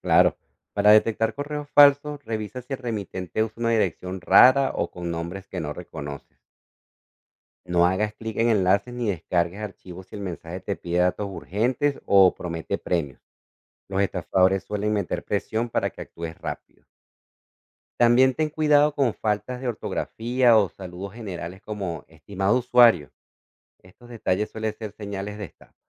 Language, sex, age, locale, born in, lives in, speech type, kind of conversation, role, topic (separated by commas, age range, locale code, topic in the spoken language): Spanish, male, 40-44, es-CO, Venezuela, United States, read, expert-assistant, expert, Explica la importancia de la seguridad digital en la vida moderna.